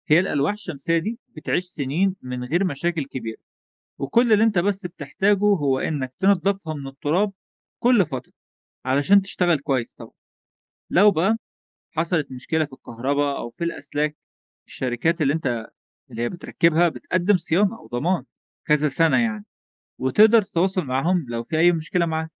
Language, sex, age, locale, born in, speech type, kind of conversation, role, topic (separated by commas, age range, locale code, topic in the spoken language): Arabic, male, 20-24, ar-EG, Egypt, read, expert-assistant, expert, كيف يؤثر استخدام الفحم كمصدر للطاقة على البيئة؟